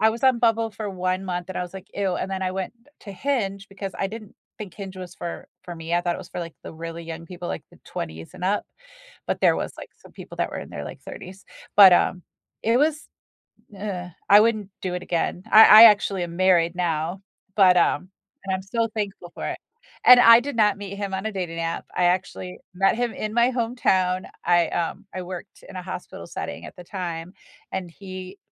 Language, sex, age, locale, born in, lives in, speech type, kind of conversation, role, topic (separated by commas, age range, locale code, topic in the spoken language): English, female, 45-49, en-US, United States, United States, conversation, unstructured, none, How do you know when it’s time to break up?